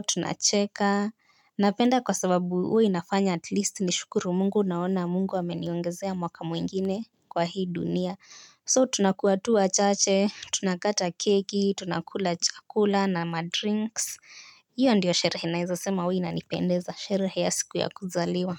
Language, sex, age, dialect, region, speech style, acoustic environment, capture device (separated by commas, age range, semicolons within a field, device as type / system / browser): Swahili, female, 18-24, Kenya - Nairobi Sheng-influenced, Kenya, relaxed, silence, mobile / Linux / Chrome